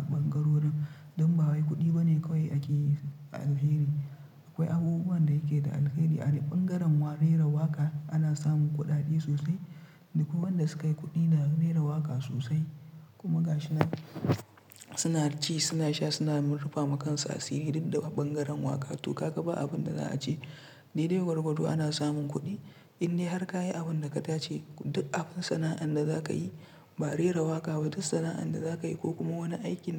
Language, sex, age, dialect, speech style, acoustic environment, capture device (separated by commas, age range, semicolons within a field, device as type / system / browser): Hausa, male, 25-34, Nigeria - Kano, tired; relaxed; stressed, silence; nature, mobile / Linux / Chrome